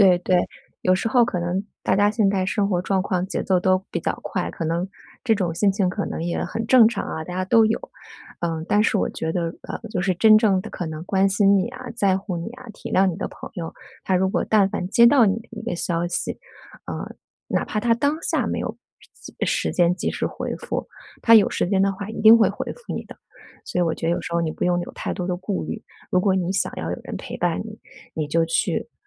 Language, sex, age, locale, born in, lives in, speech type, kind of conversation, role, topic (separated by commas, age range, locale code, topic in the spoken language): Chinese, female, 40-44, zh-CN, China, United States, conversation, advice, advisor, 搬家后感到孤独又想家，该怎么办？